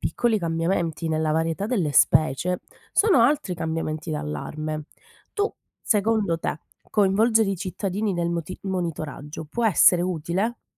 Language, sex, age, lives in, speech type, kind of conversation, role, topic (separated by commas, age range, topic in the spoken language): Italian, female, 30-34, Italy, read, expert-assistant, expert, Come sarebbe il mondo se tutti gli insetti impollinatori scomparissero improvvisamente?